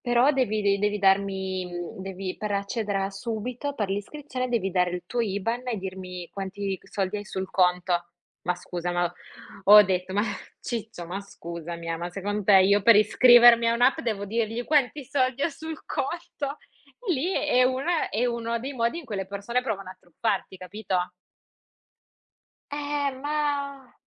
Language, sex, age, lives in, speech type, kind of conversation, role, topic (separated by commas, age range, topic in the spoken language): Italian, female, 25-29, Italy, conversation, unstructured, none, Secondo te, la tecnologia rende le persone più connesse o più isolate?